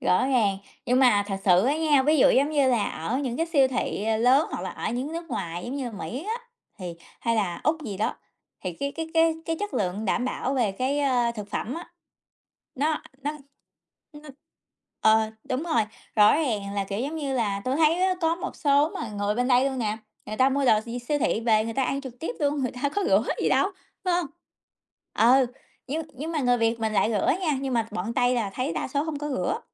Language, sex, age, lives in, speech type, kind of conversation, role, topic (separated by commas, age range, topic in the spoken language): Vietnamese, female, 30-34, United States, conversation, unstructured, none, Bạn nghĩ sao về việc một số quán ăn không đảm bảo vệ sinh?